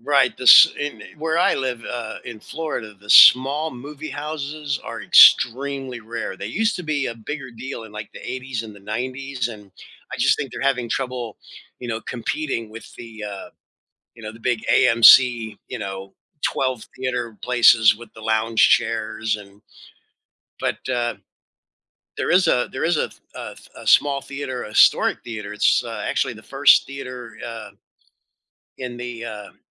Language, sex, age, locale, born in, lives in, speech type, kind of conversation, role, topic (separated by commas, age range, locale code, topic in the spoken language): English, male, 60-64, en-US, United States, United States, conversation, podcast, host, How can a movie leave a lasting impact on your life or perspective?